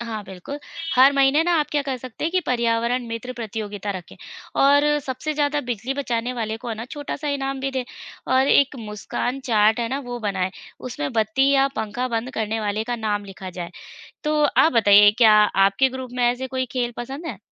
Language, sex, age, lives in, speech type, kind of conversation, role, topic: Hindi, female, 35-39, India, read, expert-assistant, expert, पर्यावरण की मदद के लिए मैं रोज़ क्या छोटे-छोटे बदलाव कर सकता हूँ?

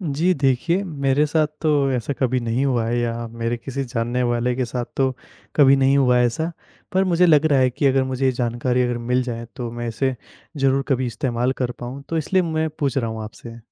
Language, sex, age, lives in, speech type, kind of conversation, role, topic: Hindi, male, 20-24, India, read, expert-assistant, asker, बिजली बादलों में कैसे बनती है?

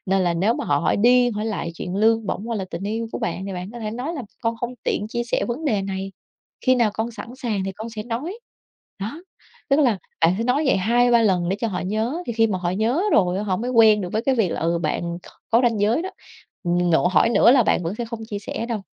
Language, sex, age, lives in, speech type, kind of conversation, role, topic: Vietnamese, female, 30-34, Vietnam, conversation, advice, advisor, Làm sao để đặt ranh giới với họ hàng can thiệp quá mức?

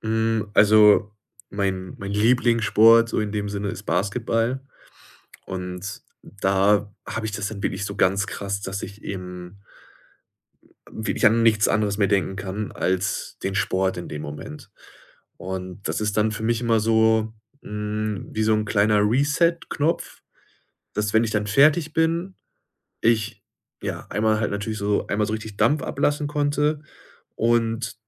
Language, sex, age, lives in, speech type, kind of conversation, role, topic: German, male, 25-29, Germany, conversation, podcast, guest, Wie motivierst du dich nach einem Rückschlag wieder?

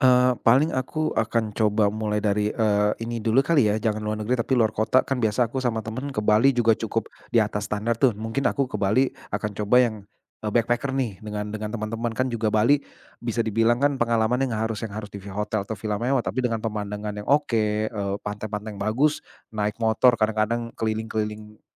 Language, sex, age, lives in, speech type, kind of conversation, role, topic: Indonesian, male, 35-39, Indonesia, conversation, advice, user, Bagaimana cara merencanakan liburan keluarga yang menyenangkan dengan anggaran sangat terbatas?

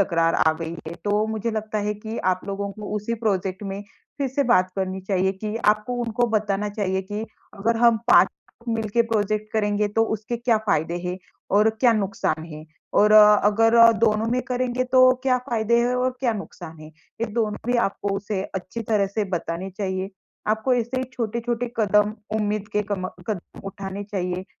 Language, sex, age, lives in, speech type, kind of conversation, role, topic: Hindi, female, 25-29, India, conversation, advice, advisor, नज़दीकी दोस्त से अनबन हो गई है, सुलह कैसे करूँ?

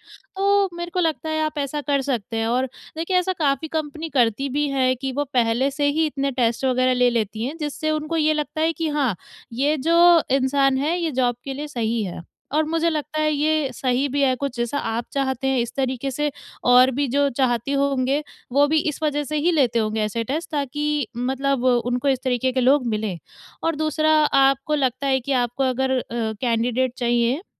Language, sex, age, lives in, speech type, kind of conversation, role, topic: Hindi, female, 20-24, India, conversation, advice, advisor, टीम निर्माण और संस्कृति प्रबंधन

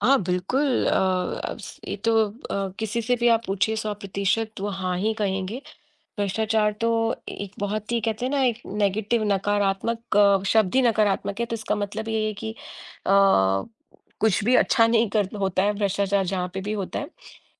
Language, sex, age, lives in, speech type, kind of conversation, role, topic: Hindi, female, 40-44, United States, conversation, unstructured, none, क्या आपको लगता है कि भ्रष्टाचार देश की प्रगति में बाधा डालता है?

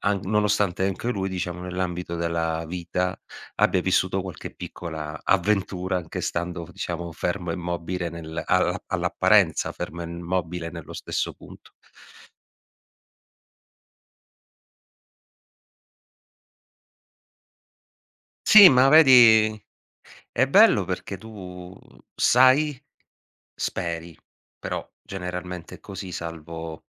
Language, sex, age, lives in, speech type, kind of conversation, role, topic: Italian, male, 40-44, Italy, conversation, podcast, guest, Che cosa ti ha insegnato osservare un albero crescere nel tempo?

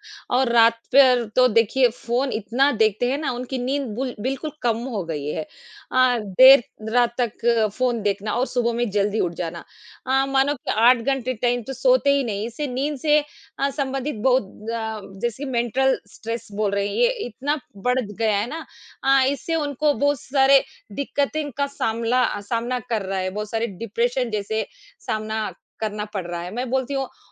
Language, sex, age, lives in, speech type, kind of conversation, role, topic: Hindi, female, 40-44, India, conversation, unstructured, none, स्वस्थ वजन बनाए रखने के लिए सबसे ज़रूरी क्या है?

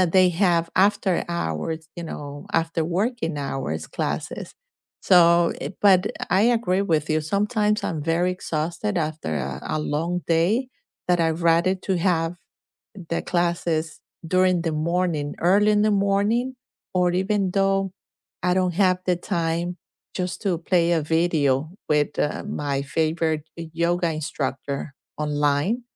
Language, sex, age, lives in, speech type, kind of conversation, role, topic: English, female, 50-54, United States, conversation, unstructured, none, What is your go-to way to stay active during a busy week?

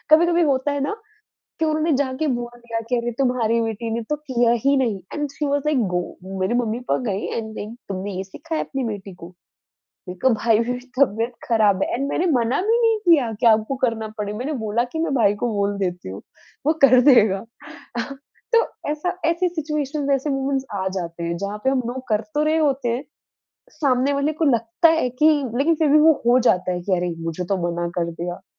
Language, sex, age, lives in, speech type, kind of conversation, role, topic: Hindi, female, 20-24, India, conversation, podcast, host, Jab tumhe kisi cheez ke liye mana karna ho, toh tum kaise bolte ho?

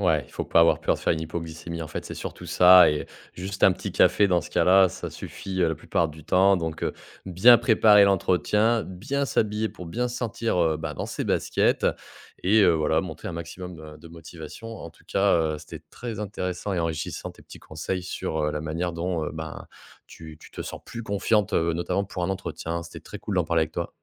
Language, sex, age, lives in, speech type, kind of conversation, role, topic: French, male, 30-34, France, conversation, podcast, host, As-tu une astuce simple pour paraître plus confiant(e) ?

